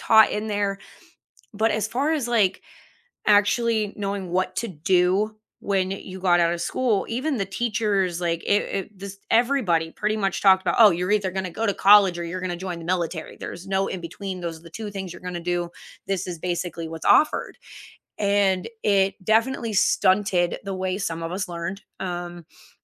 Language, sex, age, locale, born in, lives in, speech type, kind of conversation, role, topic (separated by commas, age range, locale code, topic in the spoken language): English, female, 30-34, en-US, United States, United States, conversation, unstructured, none, What class do you wish your school had offered when you were younger, and how might it have changed your life?